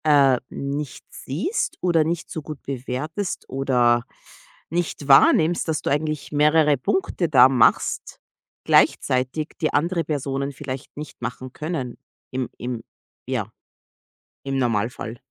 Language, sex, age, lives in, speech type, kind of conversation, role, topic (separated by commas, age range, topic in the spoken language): German, female, 30-34, United States, conversation, advice, advisor, Wie erlebst du Zweifel an deinen Fähigkeiten trotz deiner Erfolge (Hochstaplergefühle)?